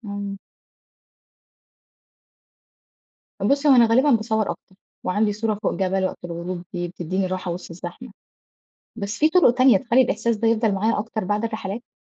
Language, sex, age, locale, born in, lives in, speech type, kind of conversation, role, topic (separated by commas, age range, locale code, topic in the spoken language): Arabic, female, 25-29, ar-EG, Egypt, Egypt, read, expert-assistant, asker, إزاي أوازن بين شغلي ومسئولياتي وفي نفس الوقت ألاقي وقت أحقق شغفي بالهايكينج والكامبنج؟